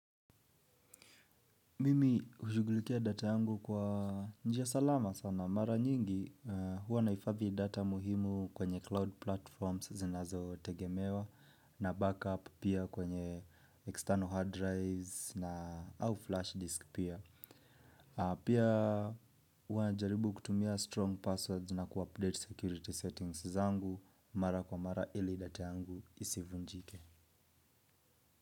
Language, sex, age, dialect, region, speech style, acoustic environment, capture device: Swahili, male, 25-34, Kenya - Nairobi Sheng-influenced, Kenya, relaxed, silence, mobile / Linux / Edge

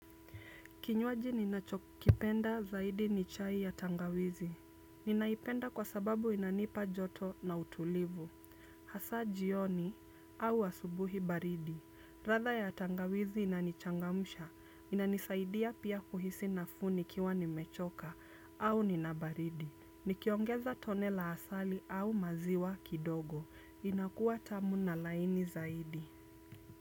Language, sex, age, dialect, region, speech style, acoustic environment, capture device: Swahili, female, 25-34, Kenya - Nairobi Sheng-influenced, Kenya, happy, silence, desktop / Windows / Chrome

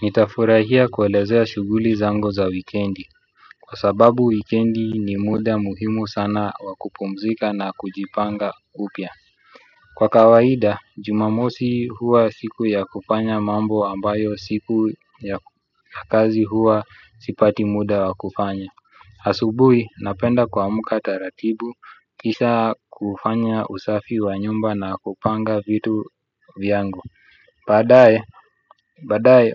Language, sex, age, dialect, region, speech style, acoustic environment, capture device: Swahili, male, 25-34, Kenya - Nairobi Sheng-influenced, Kenya, focused; relaxed, people talking; music, desktop / Windows / Chrome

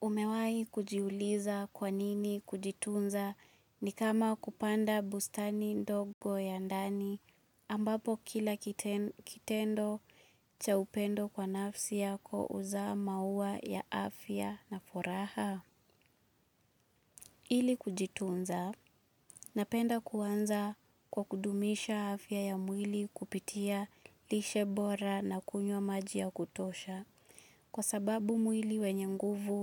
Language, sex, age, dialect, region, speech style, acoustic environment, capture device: Swahili, female, 25-34, Kenya - Nairobi Sheng-influenced, Kenya, focused, silence, mobile / Linux / Chrome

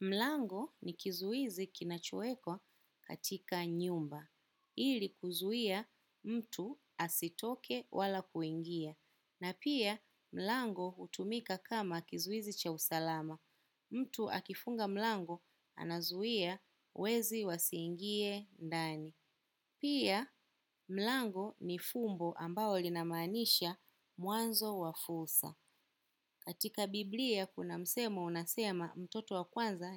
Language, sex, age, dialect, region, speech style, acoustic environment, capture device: Swahili, female, 25-34, Kenya - Nairobi Sheng-influenced, Kenya, happy; relaxed; excited; focused, silence, desktop / macOS / Chrome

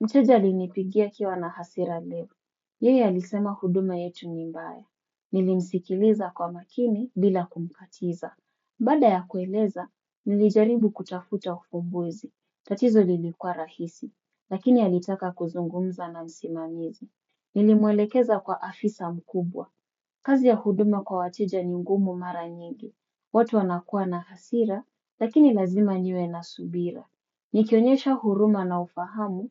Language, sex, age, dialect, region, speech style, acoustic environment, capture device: Swahili, female, 25-34, Kenya - Mombasa, Kenya, focused, silence, desktop / Windows / Chrome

